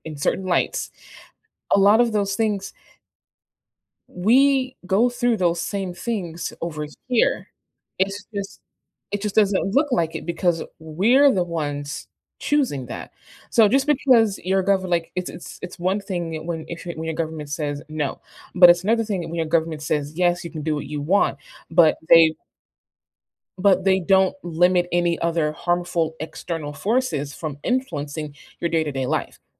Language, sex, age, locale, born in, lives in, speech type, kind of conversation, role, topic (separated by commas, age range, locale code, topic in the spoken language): English, female, 25-29, en-US, United States, United States, conversation, unstructured, none, What risks do you see in social media influencing elections?